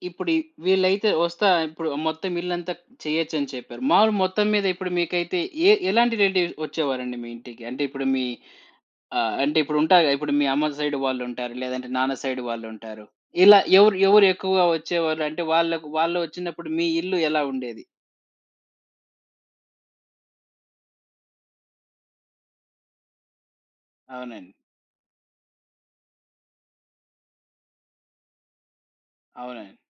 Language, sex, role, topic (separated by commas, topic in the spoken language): Telugu, male, host, అతిథుల కోసం ఇంటిని సిద్ధం చేసుకోవడానికి మీరు పాటించే సులభమైన చిట్కాలు ఏమిటి?